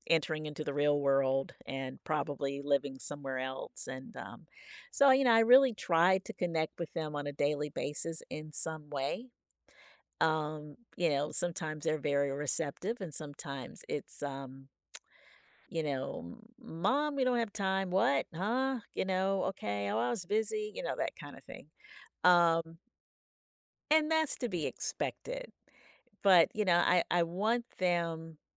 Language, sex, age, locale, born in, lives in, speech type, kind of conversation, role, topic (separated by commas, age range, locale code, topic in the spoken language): English, female, 55-59, en-US, United States, United States, conversation, advice, user, How can I show love daily?